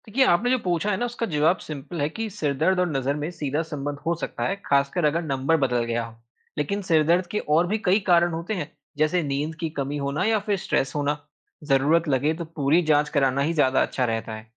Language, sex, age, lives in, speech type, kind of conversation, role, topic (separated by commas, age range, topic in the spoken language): Hindi, male, 20-24, India, read, expert-assistant, expert, आँखों की देखभाल और जाँच से जुड़े सामान्य सवाल क्या हैं?